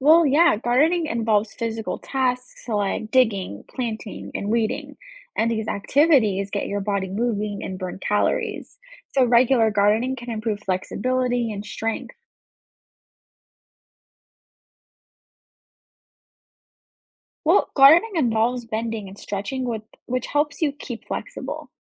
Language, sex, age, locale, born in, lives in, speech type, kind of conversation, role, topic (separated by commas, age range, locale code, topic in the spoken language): English, female, 20-24, en-US, United States, United States, read, expert-assistant, expert, How can gardening enhance mental wellness?